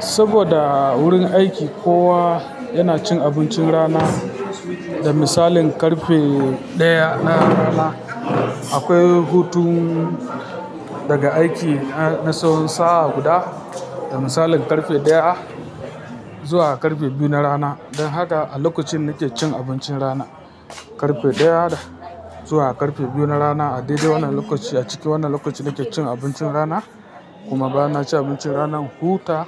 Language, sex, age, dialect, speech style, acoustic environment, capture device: Hausa, female, 25-34, Nigeria - Kano, happy; focused; relaxed, people talking; traffic, mobile / Linux / Chrome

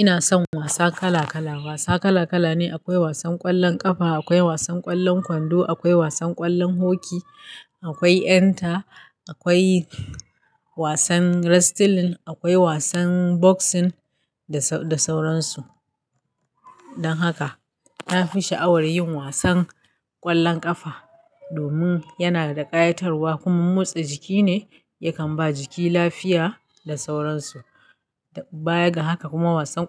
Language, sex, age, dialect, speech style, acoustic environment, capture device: Hausa, female, 35-44, Nigeria - Kano, happy, nature, mobile / Linux / Chrome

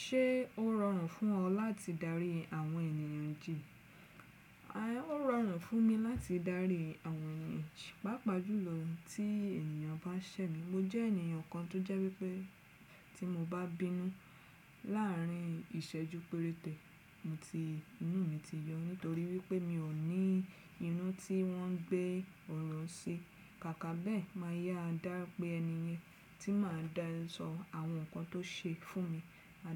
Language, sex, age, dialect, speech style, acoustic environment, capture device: Yoruba, female, 35-44, Nigeria - Lagos Yoruba, focused; relaxed, silence, desktop / Windows / Chrome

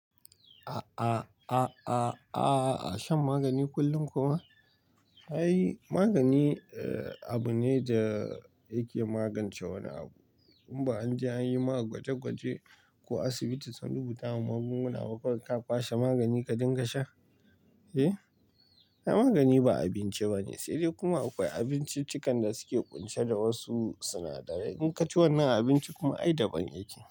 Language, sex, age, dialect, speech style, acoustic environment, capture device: Hausa, male, 25-34, Nigeria - Pidgin-influenced, relaxed; tired; stressed, nature, mobile / Linux / Chrome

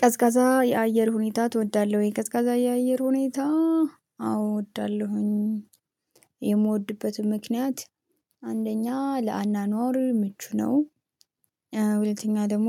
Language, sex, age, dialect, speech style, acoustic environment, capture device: Amharic, female, 25-34, Ethiopia - Addis Ababa, happy, silence, mobile / Linux / Chrome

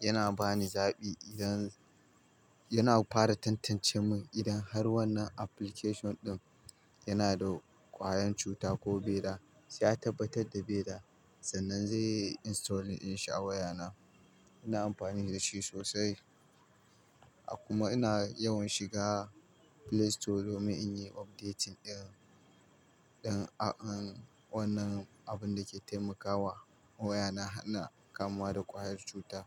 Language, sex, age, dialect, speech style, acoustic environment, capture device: Hausa, male, 25-34, Nigeria - Kano, happy, people talking, mobile / Linux / Chrome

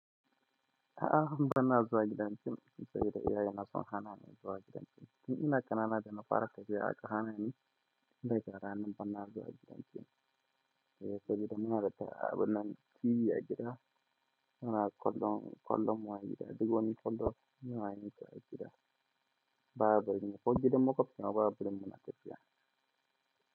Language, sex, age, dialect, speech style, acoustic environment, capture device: Hausa, male, 25-34, Nigeria - Kano, happy, silence, mobile / Linux / Chrome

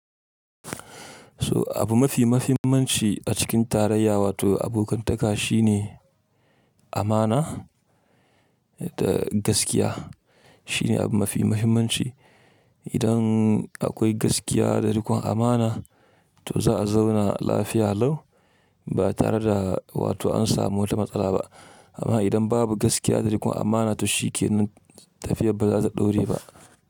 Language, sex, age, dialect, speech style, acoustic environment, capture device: Hausa, male, 25-34, Nigeria - Kano, happy, silence, mobile / Linux / Chrome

